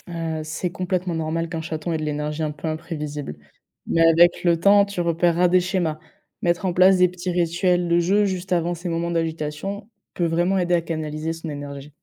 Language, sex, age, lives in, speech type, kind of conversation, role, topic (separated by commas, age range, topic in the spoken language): French, female, 20-24, France, read, expert-assistant, expert, Comment apprendre les bons comportements à un chat ou un chien sans les stresser ?